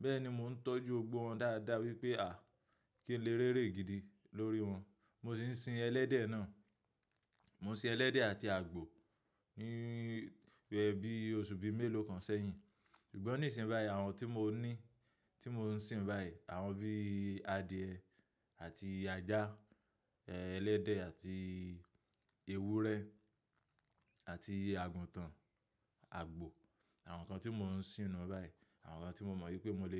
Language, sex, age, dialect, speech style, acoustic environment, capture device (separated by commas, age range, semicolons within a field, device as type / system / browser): Yoruba, male, 25-34, Nigeria - Lagos Yoruba, anxious, appliances; people talking, mobile / macOS / Safari